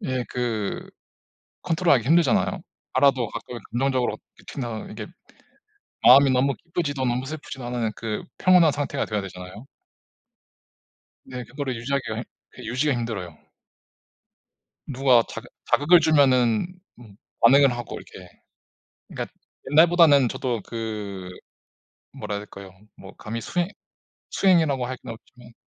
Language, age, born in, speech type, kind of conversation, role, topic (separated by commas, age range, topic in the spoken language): Korean, 30-34, South Korea, conversation, unstructured, none, 퇴사를 생각하게 될 때 가장 큰 이유는 무엇인가요?